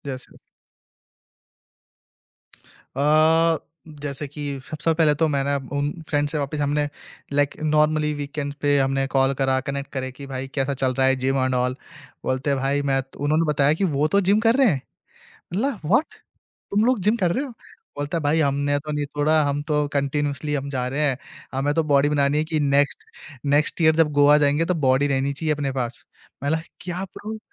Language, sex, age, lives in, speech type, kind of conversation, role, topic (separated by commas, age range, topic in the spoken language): Hindi, male, 20-24, India, conversation, podcast, guest, Tum roz workout ko apni daily routine mein kaise maintain karte ho?